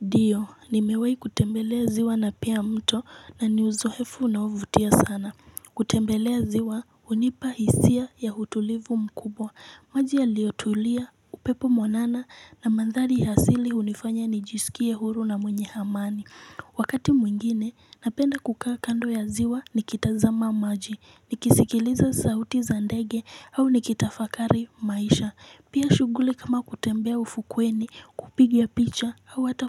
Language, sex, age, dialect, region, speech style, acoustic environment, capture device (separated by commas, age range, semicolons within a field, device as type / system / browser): Swahili, female, 18-24, Kenya - Nairobi Sheng-influenced, Kenya, excited; focused, people talking; music; nature, mobile / Linux / Chrome